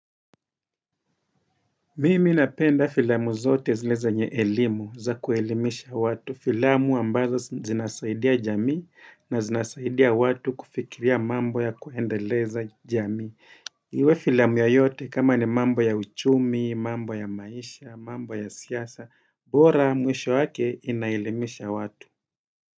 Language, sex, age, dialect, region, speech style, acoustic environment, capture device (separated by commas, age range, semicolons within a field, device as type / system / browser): Swahili, male, 35-44, Kenya - Mombasa, Kenya, happy; relaxed; focused, nature; silence, desktop / Windows / Edge